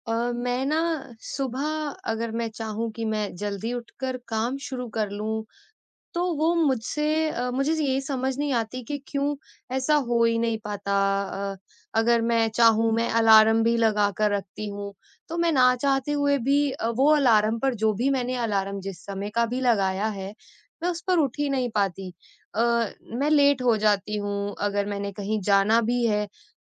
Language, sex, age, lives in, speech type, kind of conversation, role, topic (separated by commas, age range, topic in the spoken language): Hindi, female, 25-29, India, conversation, advice, user, सुबह जल्दी उठकर काम शुरू न कर पाना